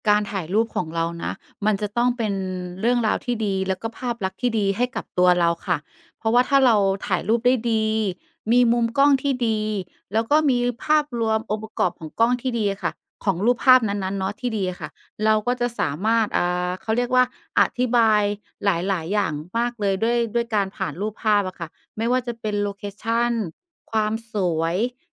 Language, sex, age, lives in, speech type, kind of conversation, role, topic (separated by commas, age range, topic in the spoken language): Thai, female, 35-39, Thailand, conversation, podcast, guest, เวลาถ่ายรูป คุณอยากสื่ออะไรกับคนที่ดูภาพของคุณ?